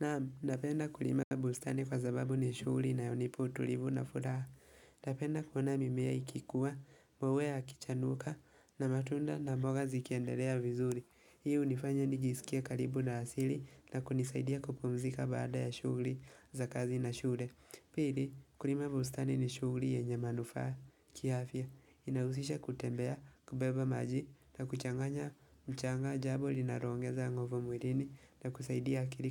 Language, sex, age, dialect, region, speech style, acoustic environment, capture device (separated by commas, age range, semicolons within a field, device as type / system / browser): Swahili, male, 18-24, Kenya - Nairobi Sheng-influenced, Kenya, relaxed; focused, silence, mobile / Linux / Chrome